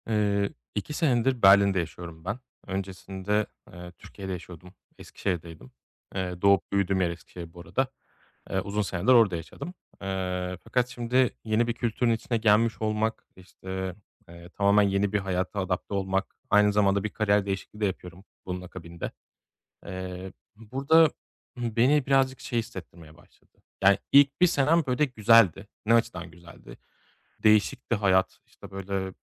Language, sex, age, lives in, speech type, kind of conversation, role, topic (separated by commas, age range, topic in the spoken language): Turkish, male, 35-39, Germany, conversation, advice, user, Değişen hayat koşullarında zor dönemlerde sosyal desteğimi ve aidiyet duygumu nasıl koruyup güçlendirebilirim?